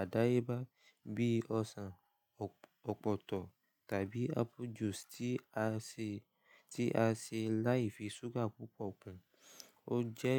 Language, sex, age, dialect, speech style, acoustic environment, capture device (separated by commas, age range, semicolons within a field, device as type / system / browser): Yoruba, male, 18-24, Nigeria - Lagos Yoruba, relaxed, silence; nature, mobile / Linux / Chrome